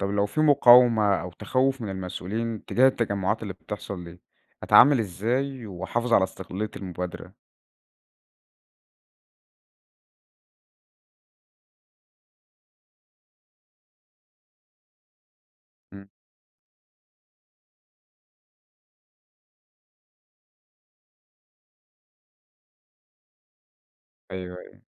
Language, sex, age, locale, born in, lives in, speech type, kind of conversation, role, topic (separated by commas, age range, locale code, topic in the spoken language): Arabic, male, 20-24, ar-EG, Egypt, Egypt, read, expert-assistant, asker, لو حبيت أنظم مشروع بيهدف لتحسين نظم الحكم المحلي في مصر، أبدأ منين وأحط إيه في الاعتبار؟